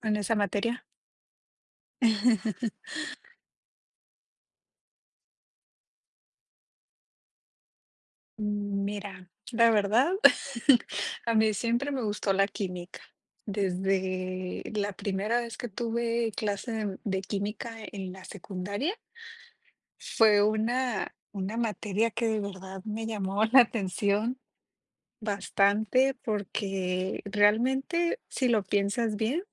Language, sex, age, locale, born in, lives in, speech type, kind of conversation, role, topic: Spanish, female, 30-34, es-MX, Mexico, Mexico, conversation, unstructured, none, ¿Cuál fue tu materia favorita en la escuela y por qué?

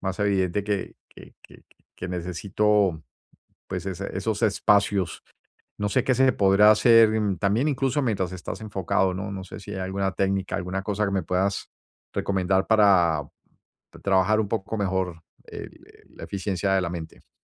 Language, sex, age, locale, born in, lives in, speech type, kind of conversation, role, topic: Spanish, male, 55-59, es-US, Colombia, United States, conversation, advice, user, ¿Cómo puedo recuperar energía mental durante mi jornada laboral?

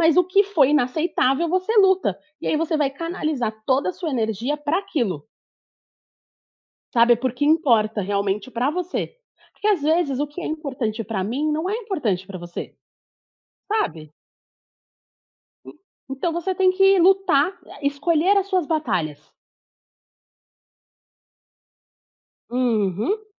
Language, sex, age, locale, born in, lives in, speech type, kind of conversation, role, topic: Portuguese, female, 30-34, pt-BR, Brazil, Portugal, conversation, podcast, guest, Quando você sabe que é hora de terminar um relacionamento?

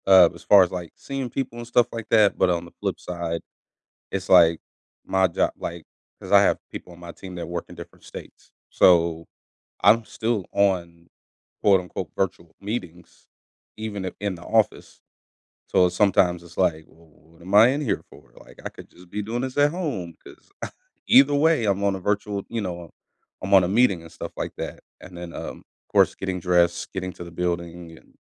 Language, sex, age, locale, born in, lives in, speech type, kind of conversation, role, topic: English, male, 30-34, en-US, United States, United States, conversation, podcast, host, How has your daily work routine evolved over time and what makes it meaningful to you?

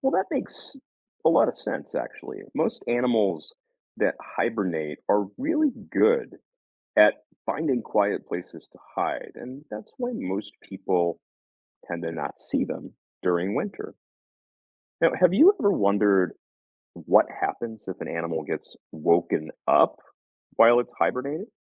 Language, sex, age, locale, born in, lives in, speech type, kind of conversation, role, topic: English, male, 40-44, en-US, United States, United States, read, expert-assistant, expert, Why do some animals hibernate during winter?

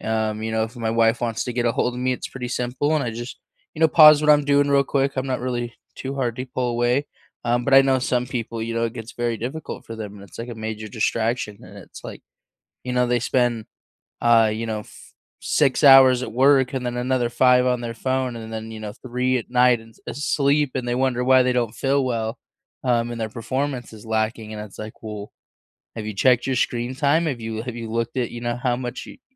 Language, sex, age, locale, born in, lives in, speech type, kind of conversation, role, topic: English, male, 25-29, en-US, United States, United States, conversation, unstructured, none, What everyday habits and small gestures help you stay meaningfully connected with the people you care about?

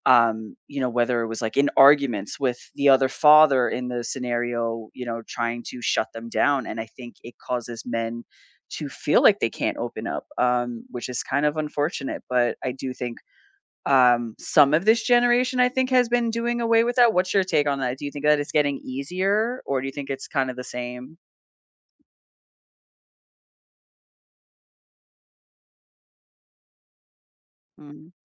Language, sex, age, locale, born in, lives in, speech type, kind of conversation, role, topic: English, female, 40-44, en-US, United States, United States, conversation, unstructured, none, What is a low-pressure way to check in about relationship needs and wants?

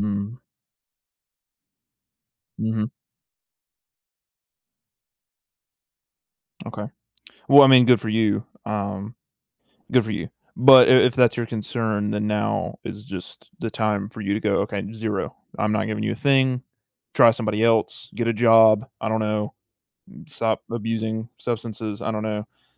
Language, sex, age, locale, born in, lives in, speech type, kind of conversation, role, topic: English, male, 18-19, en-US, United States, United States, conversation, advice, advisor, How can I set boundaries with someone who takes advantage of me?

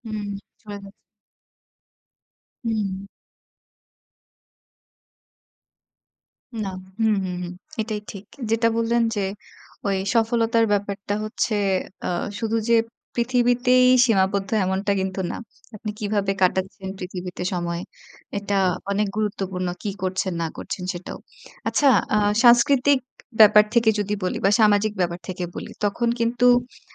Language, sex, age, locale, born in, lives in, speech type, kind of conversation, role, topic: Bengali, female, 25-29, bn-BD, Bangladesh, Bangladesh, conversation, podcast, host, সুখ আর সফলতার মধ্যে সম্পর্কটাকে আপনি কীভাবে দেখেন?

